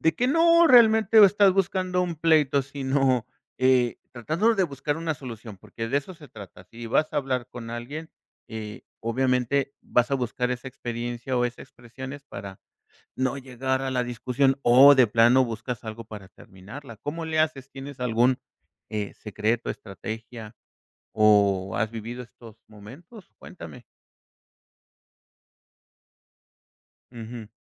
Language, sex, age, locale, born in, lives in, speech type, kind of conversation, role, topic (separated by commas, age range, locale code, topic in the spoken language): Spanish, male, 50-54, es-MX, Mexico, Mexico, conversation, podcast, host, ¿Cómo puedes expresar tus necesidades sin pelear?